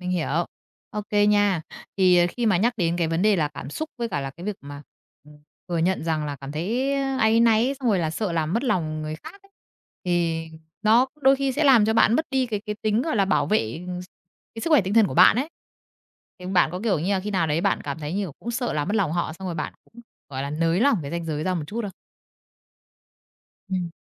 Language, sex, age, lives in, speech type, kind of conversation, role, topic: Vietnamese, female, 30-34, Vietnam, conversation, podcast, host, Bạn học cách đặt ranh giới trong các mối quan hệ như thế nào?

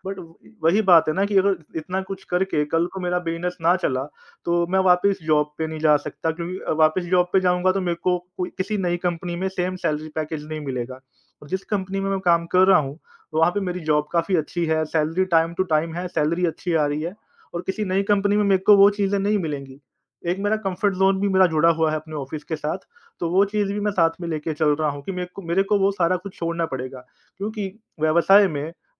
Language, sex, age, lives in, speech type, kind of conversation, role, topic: Hindi, male, 30-34, India, conversation, advice, user, क्या आप अपना पूरा करियर छोड़कर अपना व्यवसाय शुरू करने पर विचार कर रहे हैं?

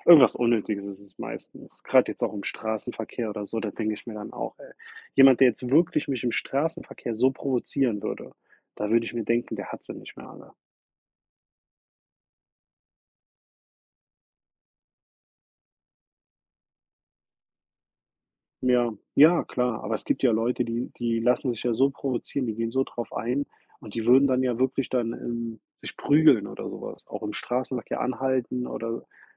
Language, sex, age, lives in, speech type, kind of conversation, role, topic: German, male, 30-34, United States, conversation, unstructured, none, Wie reagierst du, wenn dich jemand absichtlich provoziert?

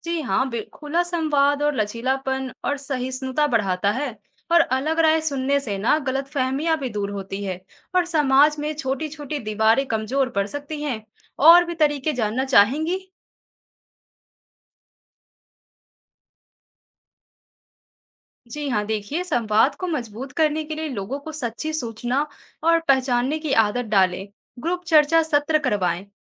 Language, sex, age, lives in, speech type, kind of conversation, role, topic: Hindi, female, 20-24, India, read, expert-assistant, expert, क्या सोशल मीडिया के बढ़ते प्रभाव ने राजनीतिक रुझानों को सकारात्मक या नकारात्मक रूप से प्रभावित किया है?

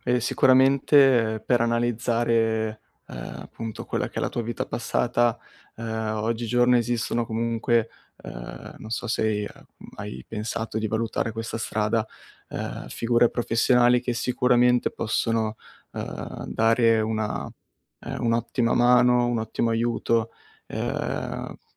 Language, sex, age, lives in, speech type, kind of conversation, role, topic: Italian, male, 20-24, Italy, conversation, advice, advisor, Come posso affrontare il disorientamento dopo molti cambiamenti e la sensazione di aver perso la normalità?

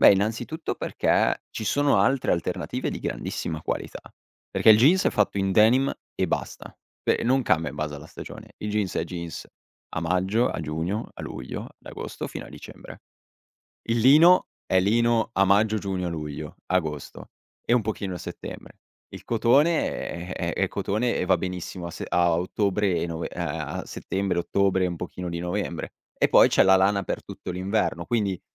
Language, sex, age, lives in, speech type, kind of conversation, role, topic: Italian, male, 18-19, Italy, conversation, podcast, guest, Che cosa significa, secondo te, vestirsi con autenticità?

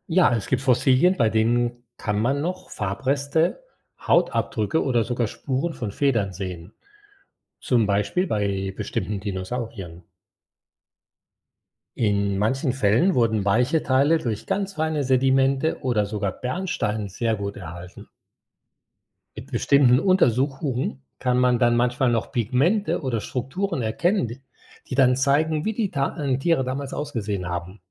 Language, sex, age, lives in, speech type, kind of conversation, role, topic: German, male, 60-64, Spain, read, expert-assistant, expert, Wie entstehen Fossilien?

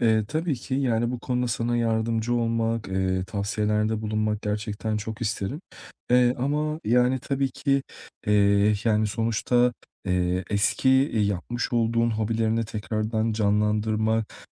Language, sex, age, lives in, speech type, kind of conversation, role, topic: Turkish, male, 30-34, Portugal, conversation, advice, advisor, Yeni bir hobi denerken yetenek eksikliği ve başarısız olma korkusunu nasıl aşabilirim?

